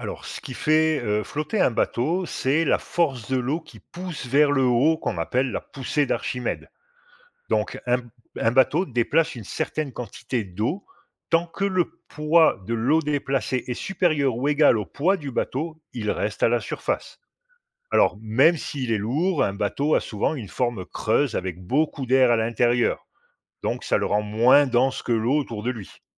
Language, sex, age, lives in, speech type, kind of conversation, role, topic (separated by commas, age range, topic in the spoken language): French, male, 50-54, Portugal, read, expert-assistant, expert, Qu’est-ce qui fait flotter un bateau ?